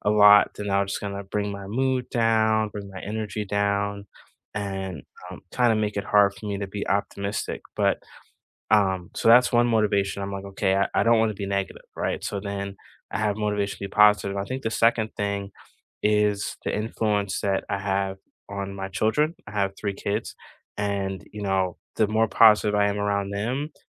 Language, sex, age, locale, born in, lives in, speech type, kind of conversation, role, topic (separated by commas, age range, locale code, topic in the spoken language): English, male, 40-44, en-US, United States, United States, conversation, unstructured, none, What helps you stay positive on bad days?